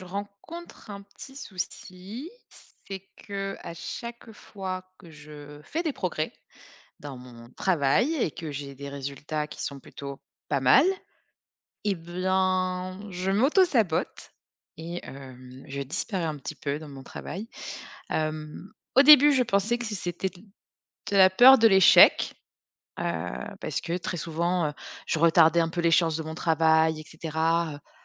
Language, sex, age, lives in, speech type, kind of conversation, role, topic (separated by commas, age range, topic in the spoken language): French, female, 30-34, France, conversation, advice, user, Comment est-ce que je sabote mes progrès par peur de réussir ou d’échouer ?